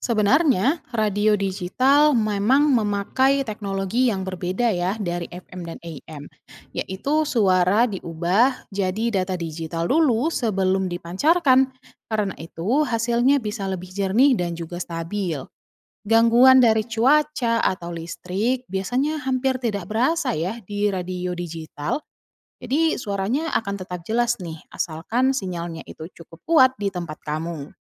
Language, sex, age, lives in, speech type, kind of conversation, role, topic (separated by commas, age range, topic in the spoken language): Indonesian, female, 30-34, Indonesia, read, expert-assistant, expert, Bagaimana radio bisa menangkap siaran dari tempat jauh?